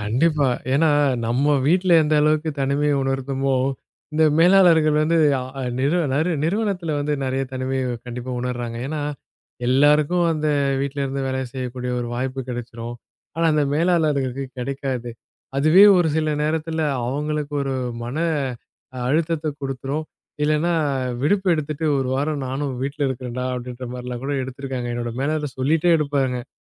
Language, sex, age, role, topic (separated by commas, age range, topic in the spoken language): Tamil, male, 20-24, host, தொலைவேலை அல்லது கலப்பு வேலை முறையின் பணியிட கலாசாரம் எப்படி உள்ளது?